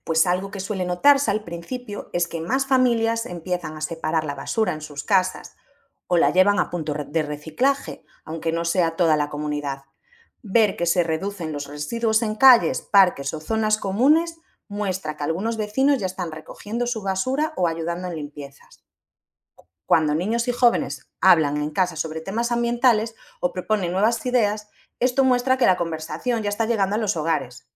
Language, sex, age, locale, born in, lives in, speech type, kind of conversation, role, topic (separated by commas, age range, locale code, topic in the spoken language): Spanish, female, 30-34, es-ES, Spain, Portugal, read, expert-assistant, expert, ¿De qué forma la educación ambiental puede cambiar los hábitos de una comunidad?